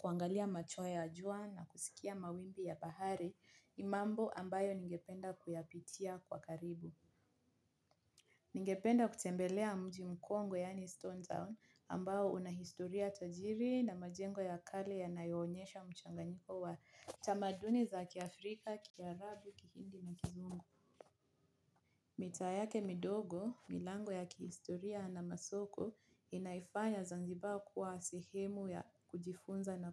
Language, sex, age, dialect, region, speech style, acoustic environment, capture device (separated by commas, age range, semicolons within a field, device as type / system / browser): Swahili, female, 25-34, Kenya - Mombasa, Kenya, relaxed; focused, nature; silence, mobile / Linux / Chrome